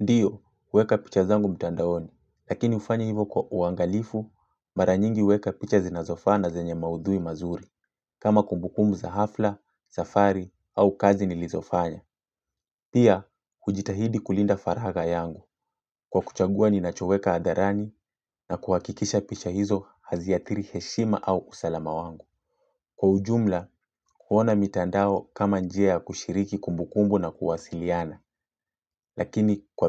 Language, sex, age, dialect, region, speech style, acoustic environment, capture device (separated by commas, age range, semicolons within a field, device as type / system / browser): Swahili, male, 25-34, Kenya - Mombasa, Kenya, happy; relaxed; focused, silence, mobile / Linux / Chrome